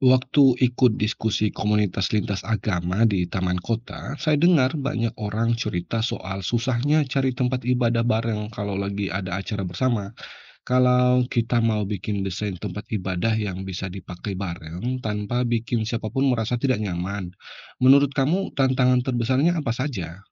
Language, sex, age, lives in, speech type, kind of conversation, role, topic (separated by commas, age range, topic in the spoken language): Indonesian, male, 35-39, Indonesia, read, expert-assistant, asker, Bagaimana cara merancang ruang ibadah bersama yang adil bagi semua agama?